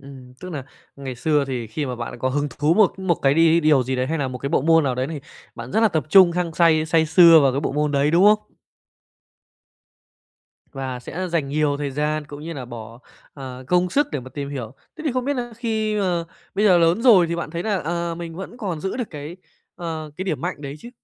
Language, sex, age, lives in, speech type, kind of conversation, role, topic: Vietnamese, male, 25-29, Japan, conversation, podcast, host, Bạn nhận ra điểm mạnh của mình bằng cách nào?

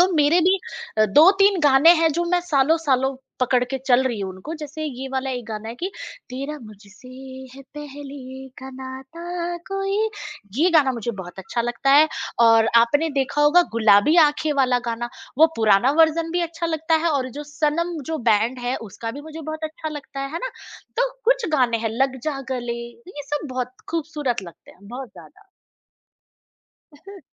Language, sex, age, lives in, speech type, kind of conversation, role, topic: Hindi, female, 35-39, India, conversation, podcast, guest, Road trip ki playlist mein kaun‑kaun si cheezein zaroori hoti hain?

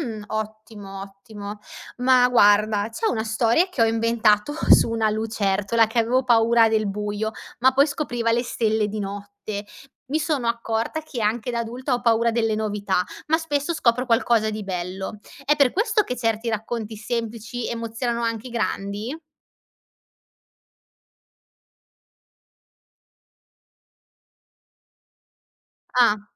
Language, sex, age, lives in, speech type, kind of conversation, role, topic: Italian, female, 25-29, Italy, read, expert-assistant, asker, Perché inventare e ascoltare storie è così importante per grandi e piccoli?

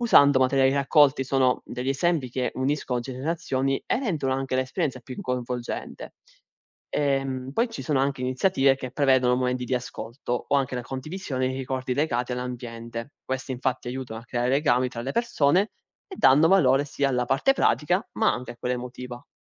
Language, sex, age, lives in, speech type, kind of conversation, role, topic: Italian, male, 20-24, Italy, read, expert-assistant, expert, Come possiamo proteggere gli oceani dalle plastiche con le nostre abitudini quotidiane?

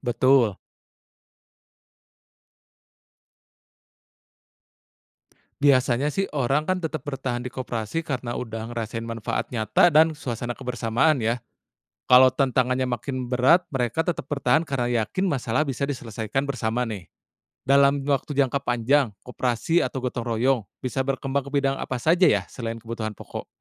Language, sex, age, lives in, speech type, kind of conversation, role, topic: Indonesian, male, 30-34, Indonesia, read, expert-assistant, asker, Jika semua orang di Indonesia memutuskan untuk hanya membeli produk lokal selama satu tahun, bagaimana dampaknya terhadap usaha kecil, rantai pasok, dan harga pasar?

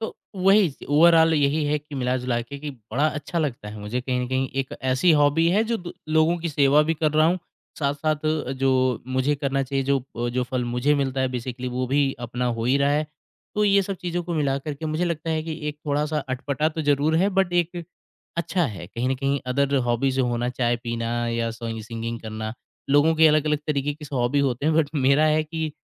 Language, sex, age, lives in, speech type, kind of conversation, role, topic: Hindi, male, 25-29, India, conversation, podcast, guest, Kaunsi hobby mein tum sabse zyada flow feel karte ho, aur kyun?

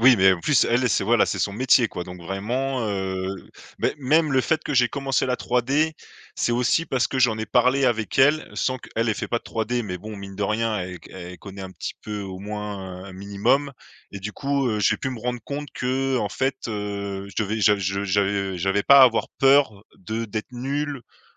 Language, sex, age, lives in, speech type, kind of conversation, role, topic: French, male, 30-34, France, conversation, podcast, guest, Qu’est-ce que la solitude t’apporte quand tu crées ?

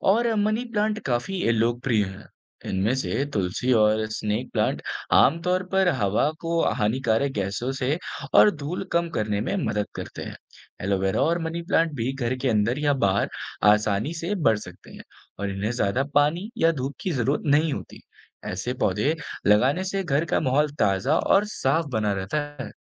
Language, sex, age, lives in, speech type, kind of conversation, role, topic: Hindi, male, 18-19, India, read, expert-assistant, expert, क्या घर में आसानी से पर्यावरण के अनुकूल आदतें अपनाई जा सकती हैं?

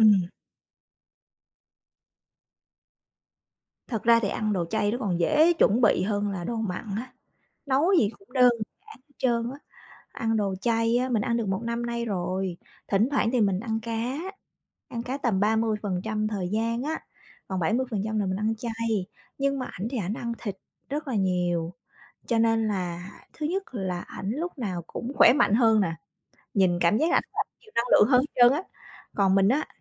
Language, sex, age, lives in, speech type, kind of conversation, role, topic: Vietnamese, female, 35-39, Vietnam, conversation, advice, user, Tôi nên làm gì khi vợ hoặc chồng không ủng hộ thói quen ăn uống mới của tôi?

